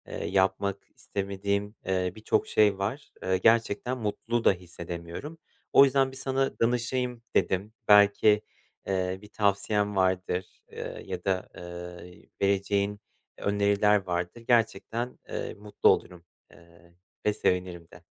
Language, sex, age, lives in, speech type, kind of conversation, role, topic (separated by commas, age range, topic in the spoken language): Turkish, male, 30-34, Poland, conversation, advice, user, Hayatta ne yapmak istediğimi bilmiyorum